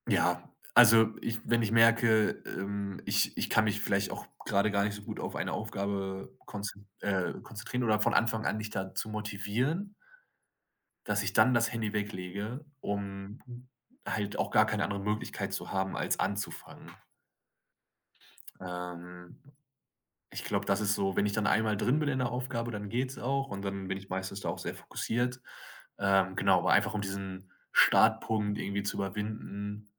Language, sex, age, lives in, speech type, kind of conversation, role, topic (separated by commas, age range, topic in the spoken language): German, male, 25-29, Germany, conversation, podcast, guest, Welche Tricks helfen dir am besten gegen ständige Ablenkung durch das Smartphone?